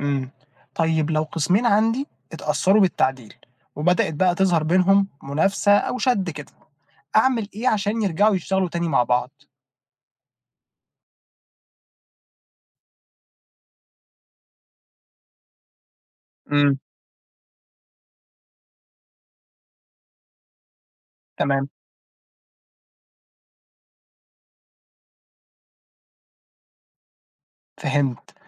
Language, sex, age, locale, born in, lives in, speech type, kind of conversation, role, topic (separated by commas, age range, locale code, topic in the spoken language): Arabic, male, 20-24, ar-EG, Egypt, Egypt, read, expert-assistant, asker, لو جاتلي ميزانية محدودة للسنة دي في المستشفى، إزاي أقدر أظبط خطة الصرف عشان كل الأقسام تشتغل كويس؟